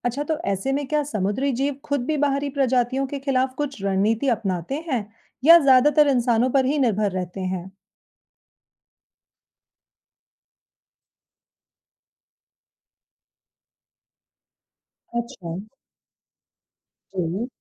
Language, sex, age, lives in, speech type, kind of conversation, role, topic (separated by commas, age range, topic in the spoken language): Hindi, female, 35-39, India, read, expert-assistant, asker, समुद्री जीवों के बीच सहजीवन (symbiosis) के लिए कोई रोचक वास्तविक जीवन उदाहरण बताइए, जिसे हम अपने रोज़मर्रा के रिश्तों से तुलना कर सकें।